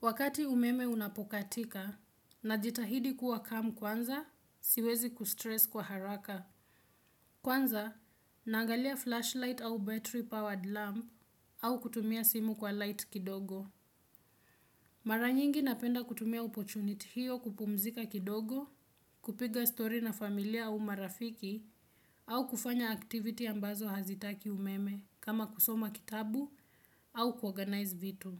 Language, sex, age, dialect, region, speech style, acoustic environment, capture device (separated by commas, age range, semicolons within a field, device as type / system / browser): Swahili, female, 18-24, Kenya - Nairobi Sheng-influenced, Kenya, relaxed; focused, silence; nature, desktop / Windows / Chrome